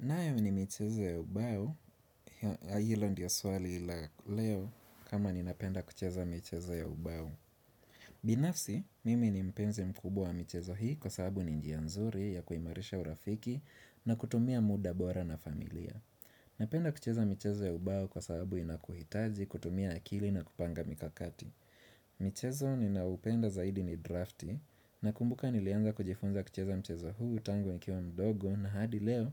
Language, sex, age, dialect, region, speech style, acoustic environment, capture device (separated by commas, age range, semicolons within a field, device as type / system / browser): Swahili, male, 25-34, Kenya - Nairobi Sheng-influenced, Kenya, relaxed, nature, desktop / Windows / Chrome